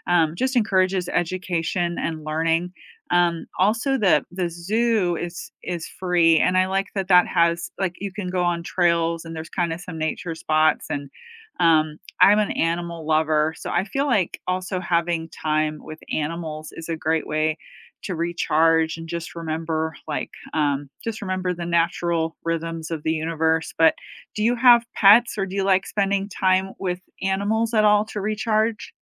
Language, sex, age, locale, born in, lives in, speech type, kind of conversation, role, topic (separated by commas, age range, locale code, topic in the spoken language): English, female, 45-49, en-US, United States, United States, conversation, unstructured, none, Which nature spots leave you feeling recharged, and what helps you reset when you’re there?